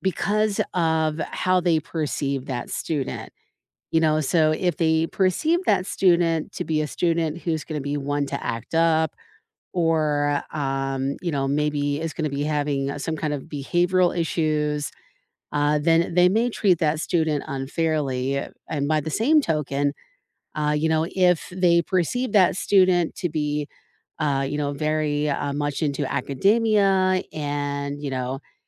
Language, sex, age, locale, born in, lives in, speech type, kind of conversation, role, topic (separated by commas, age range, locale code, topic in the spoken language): English, female, 45-49, en-US, United States, United States, conversation, unstructured, none, Why do some teachers seem unfair to certain students?